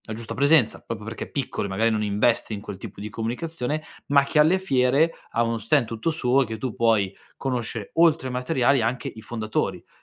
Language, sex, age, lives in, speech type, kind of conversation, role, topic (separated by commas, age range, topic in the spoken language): Italian, male, 25-29, Italy, conversation, advice, advisor, Come posso comprare capi di buona qualità senza spendere troppo?